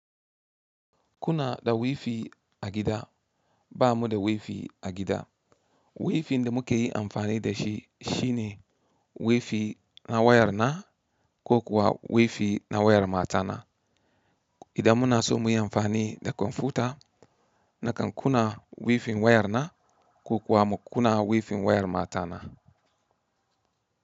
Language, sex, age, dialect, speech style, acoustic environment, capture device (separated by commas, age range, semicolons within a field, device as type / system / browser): Hausa, male, 35-44, Nigeria - Katsina, relaxed, nature, mobile / Linux / Chrome